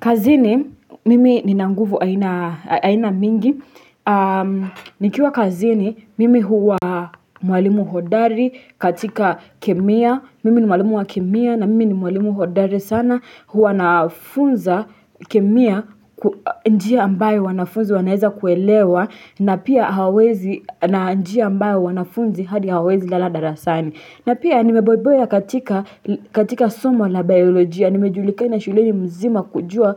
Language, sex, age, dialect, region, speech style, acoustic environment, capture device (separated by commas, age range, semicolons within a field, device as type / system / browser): Swahili, female, 18-24, Kenya - Nairobi Sheng-influenced, Kenya, happy, silence, desktop / Linux / Chrome